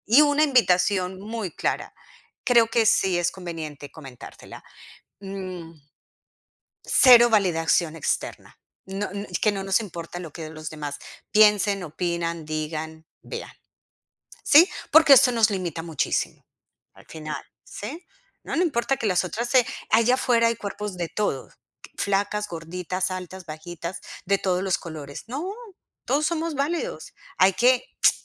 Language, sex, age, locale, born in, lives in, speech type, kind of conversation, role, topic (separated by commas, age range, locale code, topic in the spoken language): Spanish, female, 45-49, es-CO, Colombia, United States, conversation, advice, advisor, ¿Cómo puedo retomar mis rutinas establecidas y volver a ser constante?